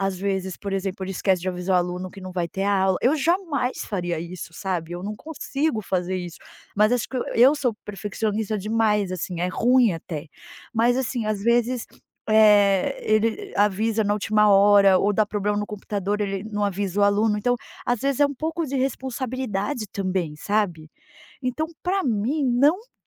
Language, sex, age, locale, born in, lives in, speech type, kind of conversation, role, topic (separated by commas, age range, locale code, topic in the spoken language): Portuguese, female, 40-44, pt-BR, Brazil, United States, conversation, advice, user, Como posso lidar com a dificuldade de dizer não a pedidos de colegas ou amigos?